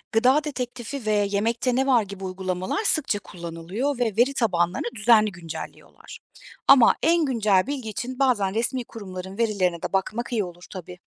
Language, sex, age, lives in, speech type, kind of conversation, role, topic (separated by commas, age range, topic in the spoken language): Turkish, female, 35-39, Germany, read, expert-assistant, expert, İnternetten okuduğum gıda güvenliği tavsiyelerinin doğru olup olmadığını anlamak için nelere dikkat etmeliyim?